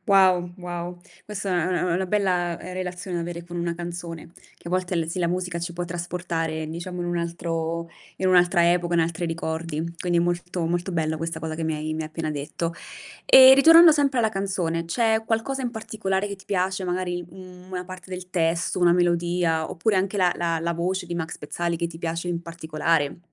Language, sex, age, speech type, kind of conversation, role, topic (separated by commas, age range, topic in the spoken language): Italian, female, 30-34, conversation, podcast, host, Qual è la canzone che ti tocca sempre il cuore?